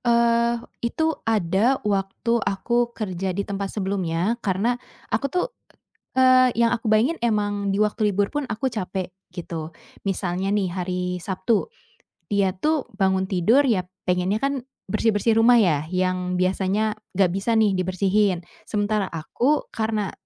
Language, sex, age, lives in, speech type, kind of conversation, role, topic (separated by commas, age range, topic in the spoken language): Indonesian, female, 25-29, Indonesia, conversation, podcast, guest, Apa peran pasanganmu dalam menjaga keseimbangan antara pekerjaan dan kehidupan pribadi?